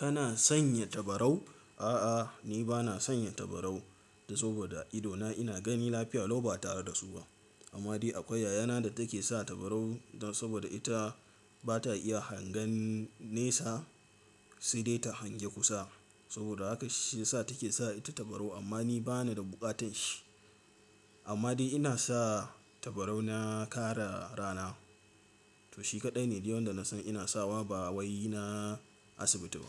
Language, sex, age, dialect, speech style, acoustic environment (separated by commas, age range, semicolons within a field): Hausa, male, 18-24, Nigeria - Katsina, nervous; excited; focused, silence; appliances